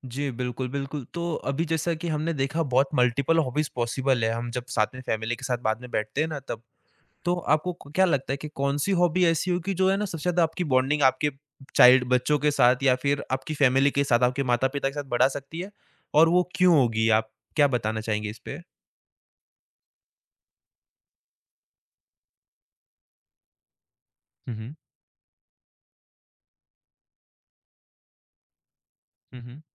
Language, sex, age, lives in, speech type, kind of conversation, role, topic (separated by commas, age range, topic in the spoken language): Hindi, male, 20-24, India, conversation, podcast, host, Family ke saath quality time bitaane ke liye sabse achha shauk kya ho sakta hai?